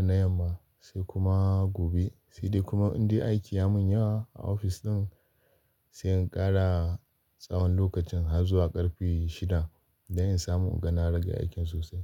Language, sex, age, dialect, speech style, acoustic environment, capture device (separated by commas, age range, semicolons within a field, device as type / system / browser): Hausa, male, 25-34, Nigeria - Kano, happy; focused, nature, desktop / Linux / Chrome